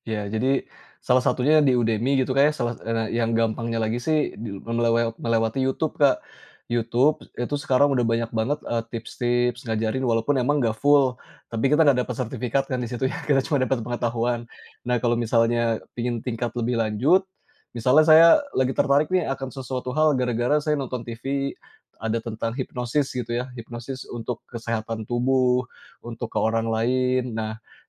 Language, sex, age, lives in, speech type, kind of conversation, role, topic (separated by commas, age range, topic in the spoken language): Indonesian, male, 35-39, Indonesia, conversation, podcast, guest, Bagaimana kamu menjaga rasa ingin tahu tetap hidup?